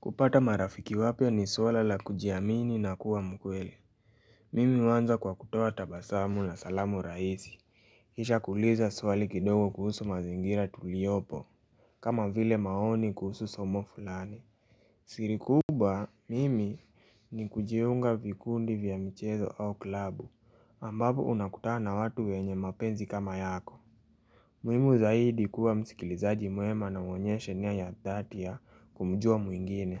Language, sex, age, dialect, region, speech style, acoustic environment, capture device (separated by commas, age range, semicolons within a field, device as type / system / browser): Swahili, male, 18-24, Kenya - Nairobi Sheng-influenced, Kenya, happy; relaxed; focused; excited, nature; appliances, mobile / Linux / Chrome